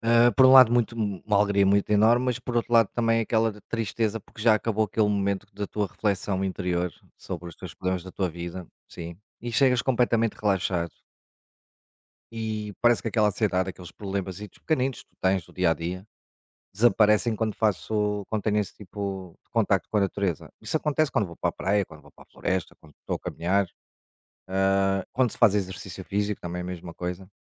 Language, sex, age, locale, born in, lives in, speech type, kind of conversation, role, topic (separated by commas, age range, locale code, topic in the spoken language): Portuguese, male, 45-49, pt-PT, Portugal, Portugal, conversation, unstructured, none, Como você se sente quando está em contato com a natureza?